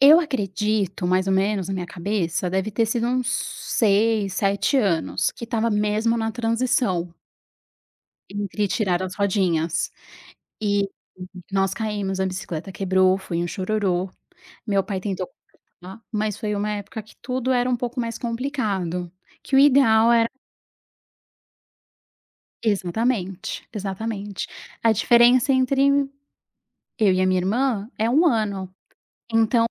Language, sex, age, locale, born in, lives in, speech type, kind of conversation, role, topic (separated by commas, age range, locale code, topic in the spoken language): Portuguese, female, 35-39, pt-BR, Brazil, Portugal, conversation, podcast, guest, Me conta um momento em que reaprender foi libertador?